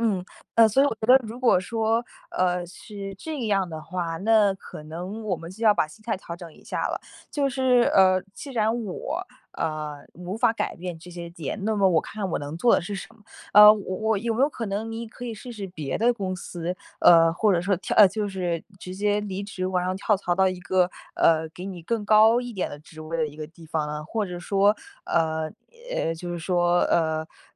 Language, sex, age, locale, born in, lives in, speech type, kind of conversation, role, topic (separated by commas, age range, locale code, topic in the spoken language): Chinese, female, 20-24, zh-CN, China, United States, conversation, advice, advisor, 我争取晋升机会却被忽视时，该怎么办？